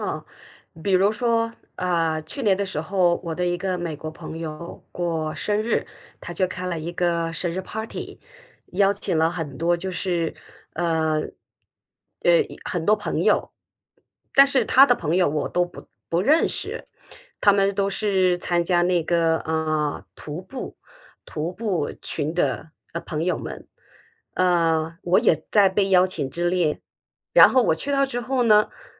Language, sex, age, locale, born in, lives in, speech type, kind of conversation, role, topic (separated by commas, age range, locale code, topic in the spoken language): Chinese, female, 50-54, zh-CN, China, United States, conversation, advice, user, 在社交场合总是害怕被评判或被人注视，我该怎么办？